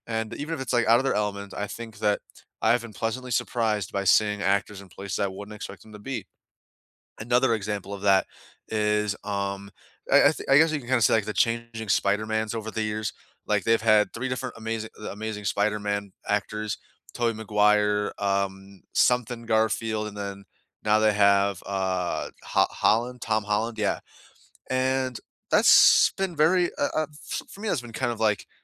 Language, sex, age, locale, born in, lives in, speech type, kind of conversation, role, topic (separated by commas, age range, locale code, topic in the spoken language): English, male, 20-24, en-US, United States, United States, conversation, unstructured, none, Which underrated actors are your must-watch picks for any role, and why?